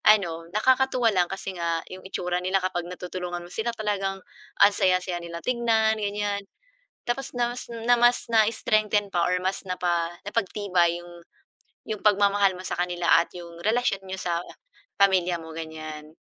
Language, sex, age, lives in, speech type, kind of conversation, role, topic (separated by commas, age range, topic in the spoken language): Filipino, female, 40-44, Philippines, conversation, unstructured, none, Paano mo ipinapakita ang pagmamahal sa iyong pamilya?